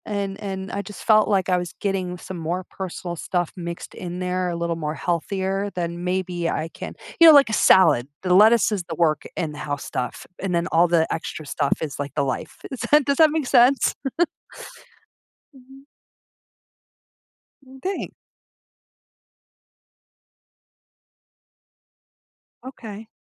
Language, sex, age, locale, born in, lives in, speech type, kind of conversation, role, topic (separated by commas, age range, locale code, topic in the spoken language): English, female, 40-44, en-US, United States, United States, conversation, advice, user, How can I create clearer boundaries so work doesn't take over my personal life?